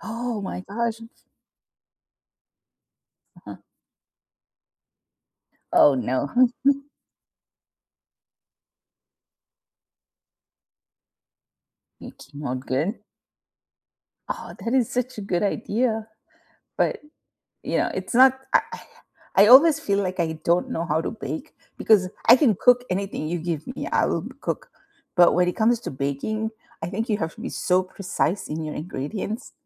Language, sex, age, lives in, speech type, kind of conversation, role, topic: English, female, 50-54, United States, conversation, unstructured, none, How did you first become interested in your favorite hobby?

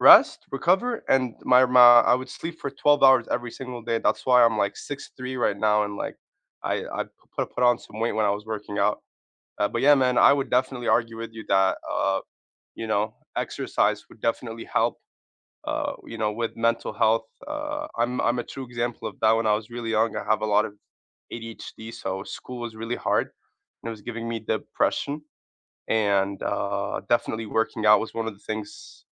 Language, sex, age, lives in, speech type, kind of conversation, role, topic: English, male, 25-29, United States, conversation, unstructured, none, How do you think exercise affects your energy levels?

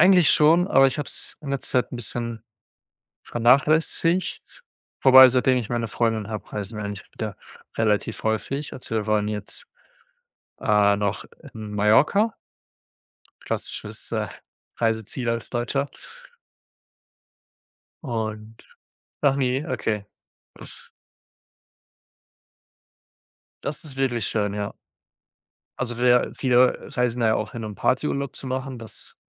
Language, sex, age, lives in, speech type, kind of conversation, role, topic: German, male, 25-29, Germany, conversation, unstructured, none, Welche Vorteile hat es, neue Länder zu entdecken?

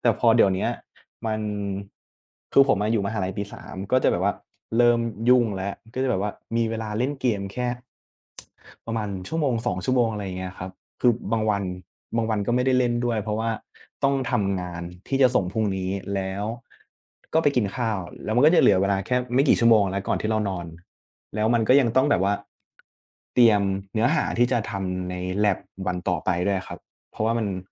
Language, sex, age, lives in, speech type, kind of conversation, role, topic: Thai, male, 20-24, Thailand, conversation, unstructured, none, คุณชอบทำกิจกรรมอะไรในเวลาว่างมากที่สุด?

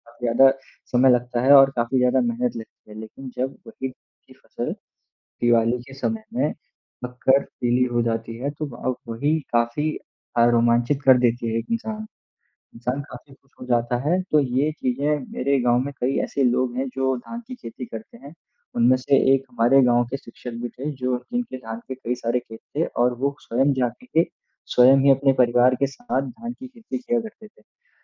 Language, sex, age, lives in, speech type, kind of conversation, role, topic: Hindi, male, 20-24, India, conversation, unstructured, none, आपका सबसे यादगार और प्रेरणादायक अनुभव क्या है?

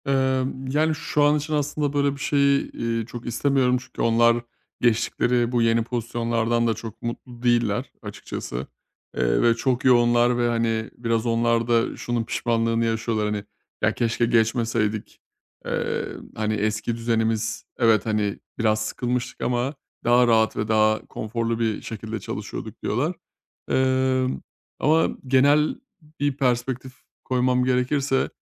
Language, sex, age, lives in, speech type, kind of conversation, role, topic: Turkish, male, 30-34, Bulgaria, conversation, advice, user, Duygularımı daha iyi nasıl fark edip ifade edebilirim?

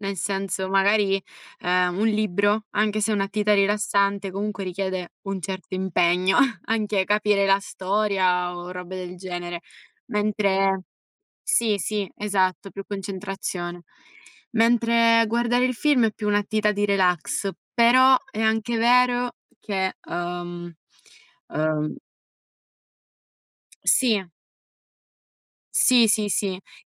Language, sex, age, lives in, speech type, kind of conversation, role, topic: Italian, female, 20-24, Italy, conversation, unstructured, none, Preferisci leggere un libro o guardare un film?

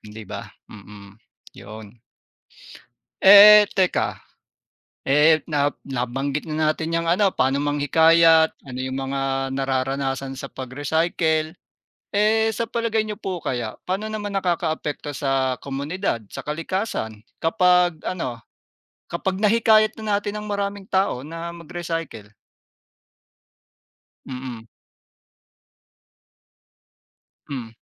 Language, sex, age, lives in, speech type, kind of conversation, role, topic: Filipino, male, 40-44, Philippines, conversation, unstructured, none, Paano makatutulong ang pagre-recycle sa pagbabawas ng basura?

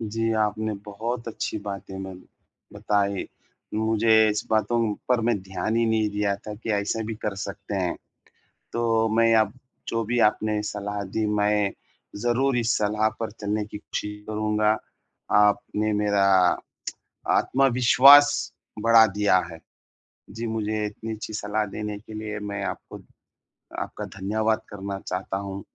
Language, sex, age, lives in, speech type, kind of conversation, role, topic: Hindi, male, 30-34, India, conversation, advice, user, ब्रेक लेते समय मैं अपनी ऊर्जा और एकाग्रता कैसे बढ़ा सकता/सकती हूँ?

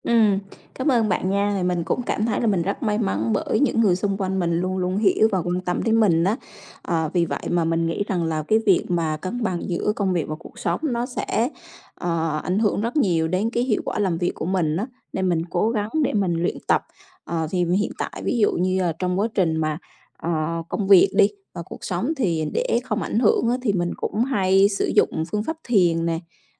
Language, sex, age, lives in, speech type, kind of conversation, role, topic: Vietnamese, female, 35-39, Vietnam, conversation, podcast, guest, Bạn giữ cân bằng giữa công việc và cuộc sống như thế nào?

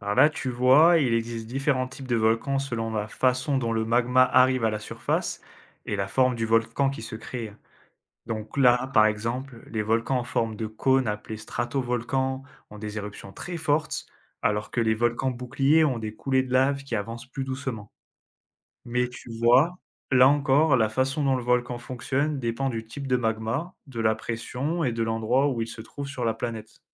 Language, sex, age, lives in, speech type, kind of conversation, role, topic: French, male, 25-29, France, read, expert-assistant, expert, Comment les volcans se forment-ils ?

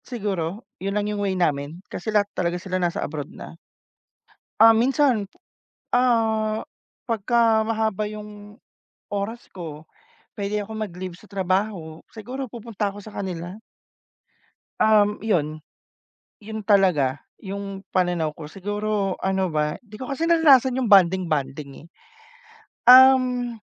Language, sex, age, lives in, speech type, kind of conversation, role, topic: Filipino, male, 30-34, Philippines, conversation, unstructured, none, Ano ang pananaw ninyo sa pagbibigay ng oras para sa pamilya?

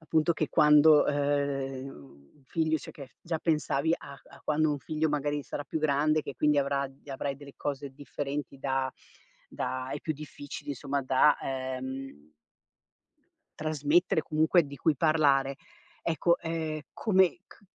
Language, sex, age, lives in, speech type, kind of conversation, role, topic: Italian, female, 50-54, Italy, conversation, podcast, host, Come parli ai tuoi figli di temi difficili?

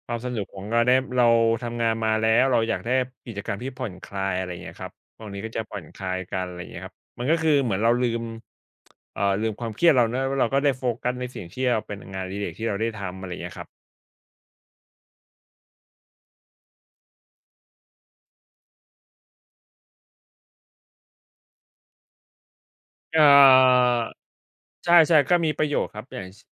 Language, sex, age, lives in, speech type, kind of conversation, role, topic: Thai, male, 35-39, Thailand, conversation, unstructured, none, ถ้ามีคนบอกว่างานอดิเรกเป็นเรื่องเสียเวลา คุณจะตอบอย่างไร?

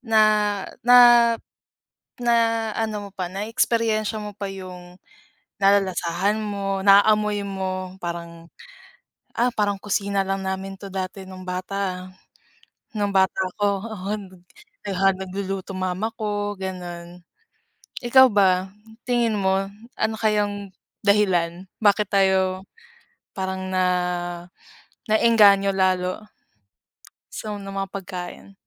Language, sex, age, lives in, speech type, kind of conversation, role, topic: Filipino, female, 25-29, Philippines, conversation, unstructured, none, Anong pagkain ang laging nagpapasaya sa iyo kapag nakakain mo ito?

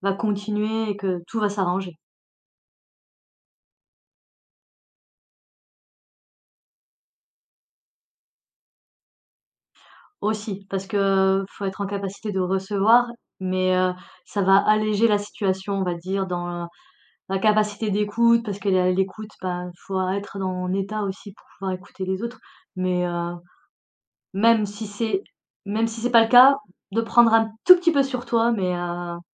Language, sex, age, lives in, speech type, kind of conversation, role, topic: French, female, 40-44, France, conversation, advice, advisor, Comment gérer un conflit avec un ami proche après un malentendu ?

